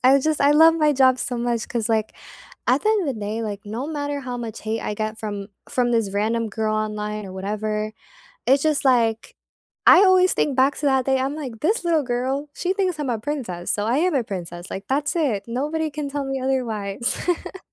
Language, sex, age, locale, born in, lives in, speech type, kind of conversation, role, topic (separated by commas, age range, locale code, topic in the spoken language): English, female, 18-19, en-US, United States, United States, conversation, unstructured, none, What helps you feel comfortable in your own skin?